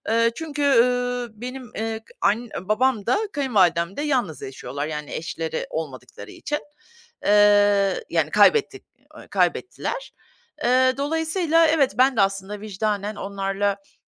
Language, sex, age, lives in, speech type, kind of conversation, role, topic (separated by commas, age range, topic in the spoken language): Turkish, female, 50-54, United States, conversation, advice, user, Başkalarının beklentileriyle kendi isteklerim çatıştığında ne yapmalıyım?